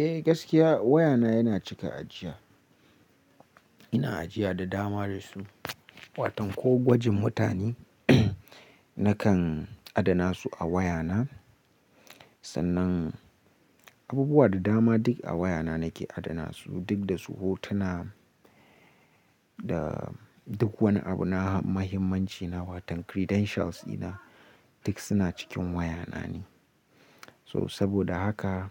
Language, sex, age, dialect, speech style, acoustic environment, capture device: Hausa, male, 18-24, Nigeria - Nigerian Standard, relaxed; focused; happy, nature; appliances, mobile / Linux / Chrome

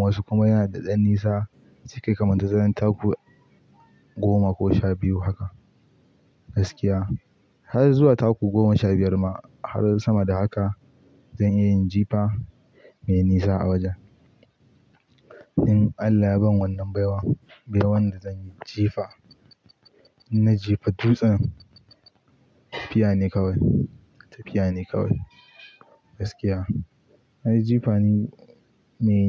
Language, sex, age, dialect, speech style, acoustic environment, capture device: Hausa, male, 18-24, Nigeria - Pidgin-influenced, relaxed; focused, nature, mobile / Linux / Chrome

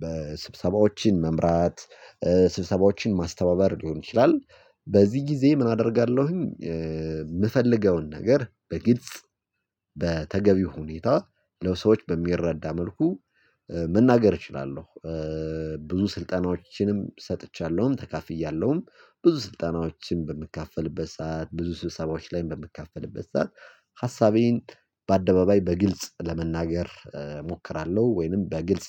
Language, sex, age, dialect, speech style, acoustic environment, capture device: Amharic, male, 25-34, Ethiopia - Gojjam, excited, silence, mobile / Linux / Chrome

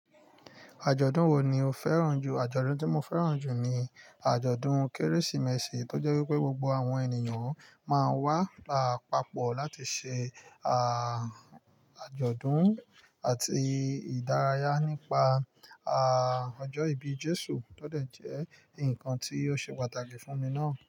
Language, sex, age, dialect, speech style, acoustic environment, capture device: Yoruba, male, 25-34, Nigeria - Lagos Yoruba, relaxed, nature, mobile / Linux / Chrome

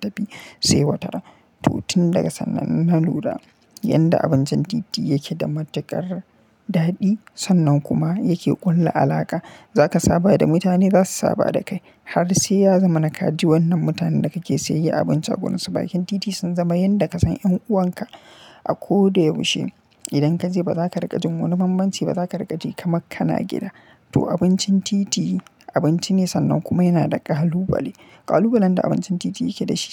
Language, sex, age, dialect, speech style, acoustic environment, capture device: Hausa, male, 25-34, Nigeria - Kano, happy; relaxed, silence, mobile / Linux / Chrome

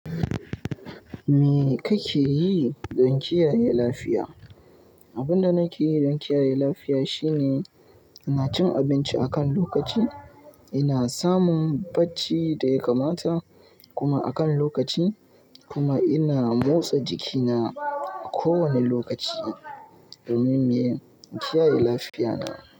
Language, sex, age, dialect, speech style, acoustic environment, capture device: Hausa, male, 18-24, Nigeria - Kano, happy; excited; focused, nature; appliances, mobile / Linux / Chrome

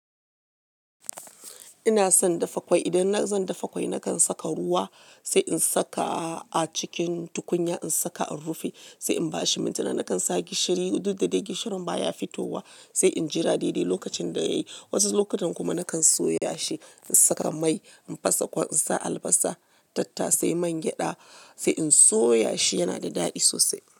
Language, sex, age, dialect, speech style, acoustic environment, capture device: Hausa, female, 35-44, Nigeria - Lagos Yoruba, happy, music, mobile / Linux / Chrome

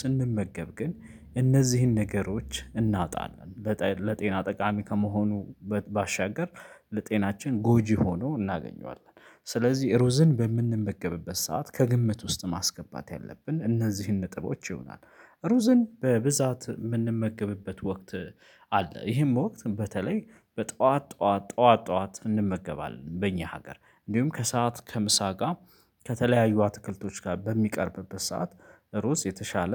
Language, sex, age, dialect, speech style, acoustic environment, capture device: Amharic, male, 25-34, Ethiopia - Addis Ababa, focused, silence, mobile / Linux / Chrome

